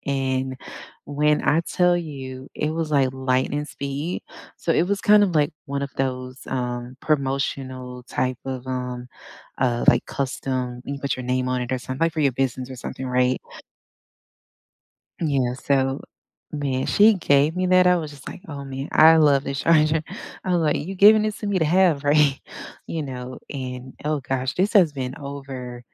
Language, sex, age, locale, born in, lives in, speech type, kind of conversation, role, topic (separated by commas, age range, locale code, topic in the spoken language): English, female, 35-39, en-US, United States, United States, conversation, unstructured, none, What portable chargers or power banks have actually saved you in everyday use, and when do they fall short?